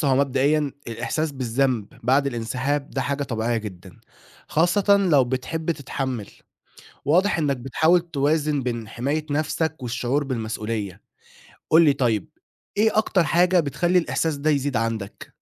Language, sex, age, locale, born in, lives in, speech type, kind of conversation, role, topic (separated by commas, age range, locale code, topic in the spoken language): Arabic, male, 20-24, ar-EG, Egypt, Egypt, read, expert-assistant, expert, إزاي ممكن أتعامل مع الضغوط اليومية بشكل وقائي قبل ما تأثر على صحتي النفسية والجسدية؟